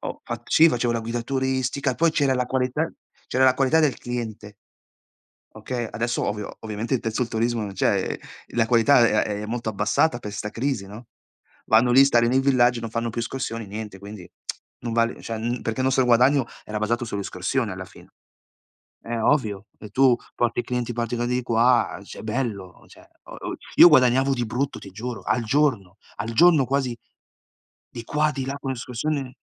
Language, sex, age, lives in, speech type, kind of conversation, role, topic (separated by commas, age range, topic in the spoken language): Italian, male, 40-44, Italy, conversation, unstructured, none, Qual è la cosa più importante per essere felici al lavoro?